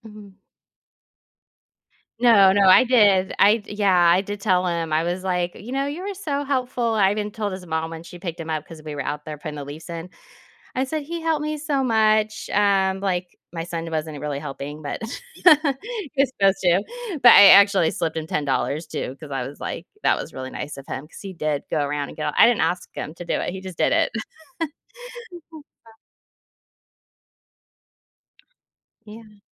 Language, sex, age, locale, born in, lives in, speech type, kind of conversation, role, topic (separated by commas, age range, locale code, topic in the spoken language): English, female, 40-44, en-US, United States, United States, conversation, advice, user, How can I notice and appreciate small acts of kindness in my daily life?